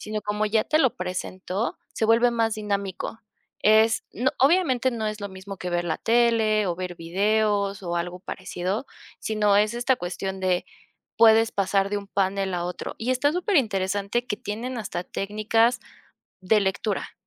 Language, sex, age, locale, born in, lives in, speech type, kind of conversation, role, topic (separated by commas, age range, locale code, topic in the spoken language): Spanish, female, 25-29, es-MX, Mexico, Mexico, conversation, podcast, guest, ¿Qué es lo que más disfrutas al leer libros o cómics?